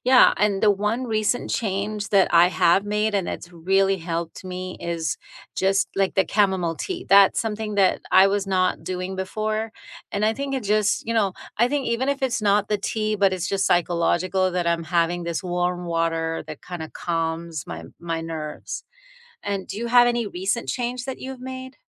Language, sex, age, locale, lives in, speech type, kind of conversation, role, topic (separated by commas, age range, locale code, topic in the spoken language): English, female, 50-54, en-US, United States, conversation, unstructured, none, What simple sleep habits have truly helped you lately, and how did you discover them?